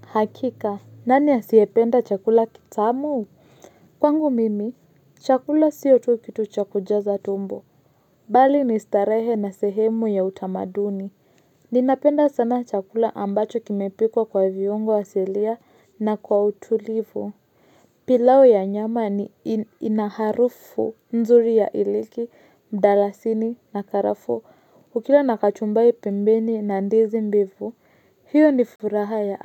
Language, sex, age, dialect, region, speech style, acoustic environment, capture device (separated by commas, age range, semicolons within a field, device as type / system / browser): Swahili, female, 25-34, Kenya - Nairobi Sheng-influenced, Kenya, focused, silence, mobile / Linux / Chrome